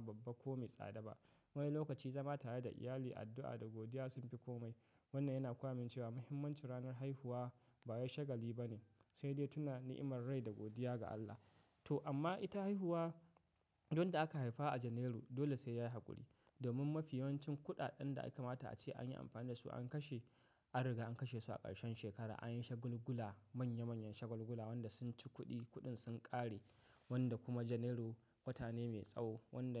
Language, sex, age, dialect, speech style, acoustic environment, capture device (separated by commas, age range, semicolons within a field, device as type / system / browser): Hausa, male, 25-34, Nigeria - Katsina, happy, nature; silence, mobile / macOS / Safari